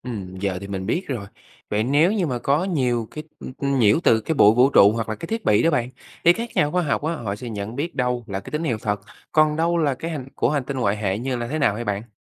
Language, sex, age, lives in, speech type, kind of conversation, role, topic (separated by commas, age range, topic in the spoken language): Vietnamese, male, 25-29, Vietnam, read, expert-assistant, asker, Nếu muốn khám phá các bí ẩn về các hành tinh trong vũ trụ, bạn nghĩ nên bắt đầu từ đâu và có cách tiếp cận nào dễ hiểu mà vẫn hiệu quả không?